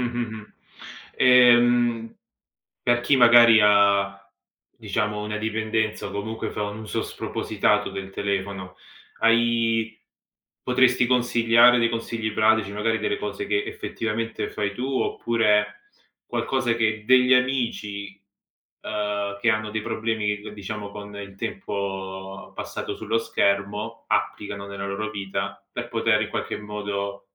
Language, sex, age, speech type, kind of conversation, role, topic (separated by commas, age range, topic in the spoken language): Italian, male, 30-34, conversation, podcast, host, Come gestisci l’uso dello schermo e del telefono appena ti svegli?